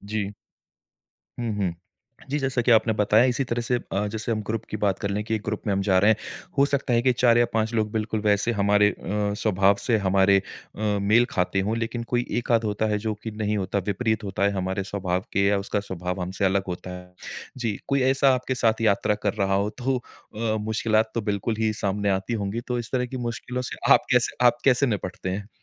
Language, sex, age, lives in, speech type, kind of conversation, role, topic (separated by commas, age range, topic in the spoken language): Hindi, male, 30-34, India, conversation, podcast, host, यात्रा के दौरान मिलने वाले लोगों से आप क्या सीखते हैं?